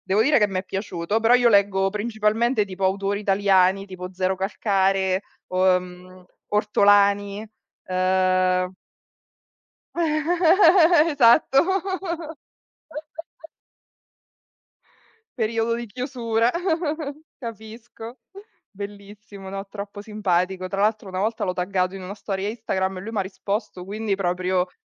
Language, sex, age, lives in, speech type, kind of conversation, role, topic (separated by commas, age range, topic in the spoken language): Italian, female, 35-39, Italy, conversation, unstructured, none, Quale hobby ti ha insegnato qualcosa di importante?